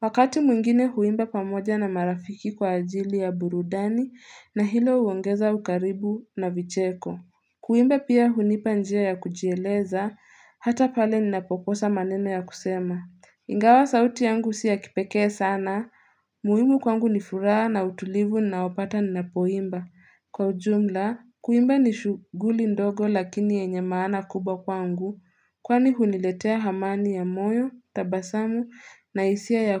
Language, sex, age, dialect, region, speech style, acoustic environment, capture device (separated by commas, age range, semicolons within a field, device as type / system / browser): Swahili, female, 18-24, Kenya - Nairobi Sheng-influenced, Kenya, relaxed, nature, mobile / Linux / Chrome